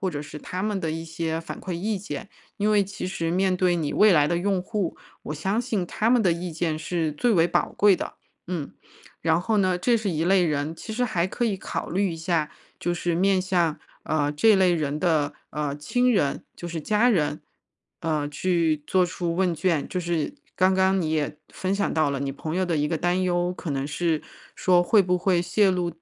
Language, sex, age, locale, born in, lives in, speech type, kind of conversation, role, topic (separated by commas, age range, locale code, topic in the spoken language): Chinese, female, 35-39, zh-CN, China, United States, conversation, advice, advisor, 当你的创意作品不被认可时，你会怀疑自己的声音是否有价值吗？